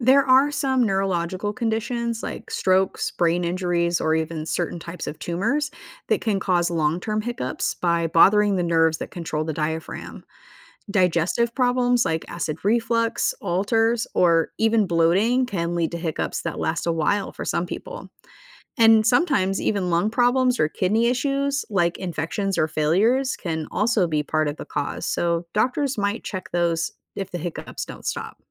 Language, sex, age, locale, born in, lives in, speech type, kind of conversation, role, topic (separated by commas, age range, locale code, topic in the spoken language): English, female, 35-39, en-US, United States, United States, read, expert-assistant, expert, What causes hiccups and how can they be managed or prevented?